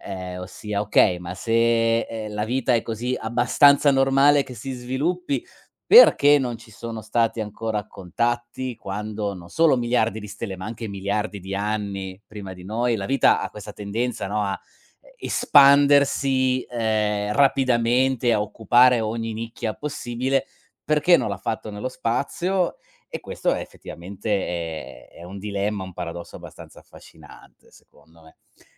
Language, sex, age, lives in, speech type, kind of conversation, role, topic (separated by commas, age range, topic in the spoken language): Italian, male, 40-44, Germany, conversation, unstructured, none, Che cosa ti affascina di più delle scoperte spaziali?